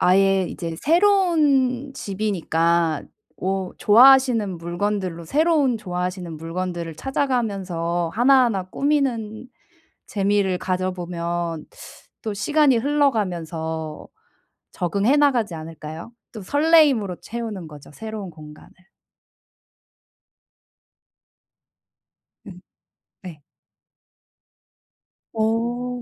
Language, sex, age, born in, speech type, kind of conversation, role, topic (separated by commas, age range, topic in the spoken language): Korean, female, 30-34, South Korea, conversation, advice, advisor, 새로운 도시에서 어떻게 하면 빨리 집처럼 편안하게 느낄 수 있을까요?